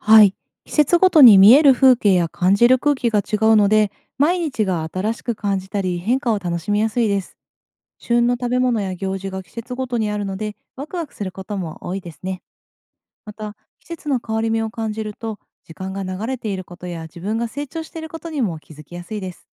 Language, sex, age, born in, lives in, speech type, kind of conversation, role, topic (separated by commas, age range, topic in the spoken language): Japanese, female, 30-34, Japan, Japan, read, expert-assistant, expert, なぜ私たちは季節の変化を楽しむのでしょうか？